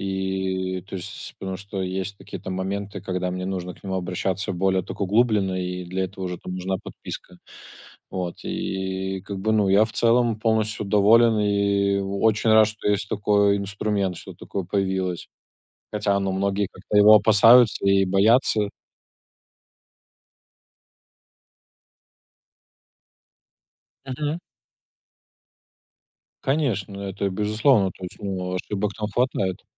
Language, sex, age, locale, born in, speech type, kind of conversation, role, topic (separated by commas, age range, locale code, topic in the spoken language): Russian, male, 25-29, ru-RU, Belarus, conversation, unstructured, none, Как технологии изменили твою повседневную жизнь?